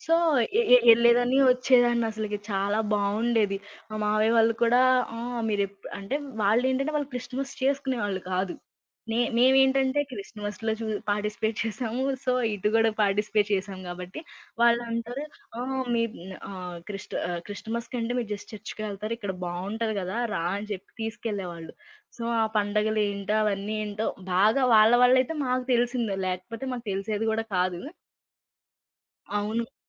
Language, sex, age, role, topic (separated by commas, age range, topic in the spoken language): Telugu, female, 20-24, guest, మీరు ఒక గ్రామీణ పండగలో పాల్గొన్న అనుభవం గురించి చెప్పగలరా?